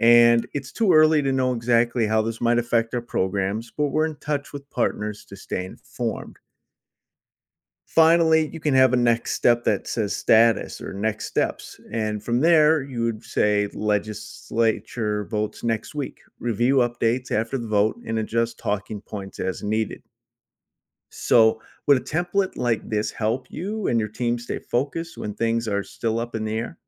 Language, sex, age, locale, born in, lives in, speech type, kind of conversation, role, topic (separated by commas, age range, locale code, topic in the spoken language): English, male, 40-44, en-US, United States, United States, read, expert-assistant, expert, What daily habits improve long-term public relations skills?